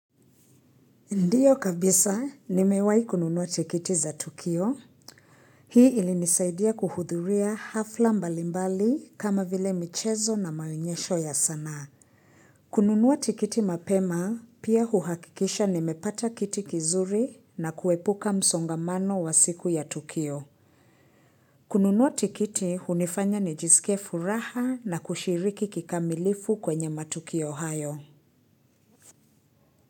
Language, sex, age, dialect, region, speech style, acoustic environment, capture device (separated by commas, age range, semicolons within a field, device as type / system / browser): Swahili, female, 25-34, Kenya - Nairobi Sheng-influenced, Kenya, focused, silence, mobile / Linux / Chrome